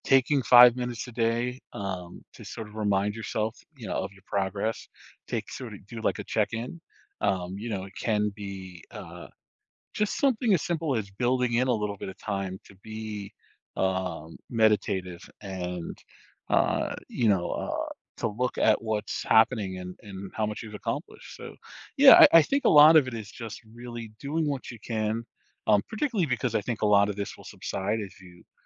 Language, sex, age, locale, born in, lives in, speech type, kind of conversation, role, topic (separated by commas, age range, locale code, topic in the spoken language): English, male, 40-44, en-US, United States, United States, conversation, advice, advisor, How can I reduce stress from daily responsibilities?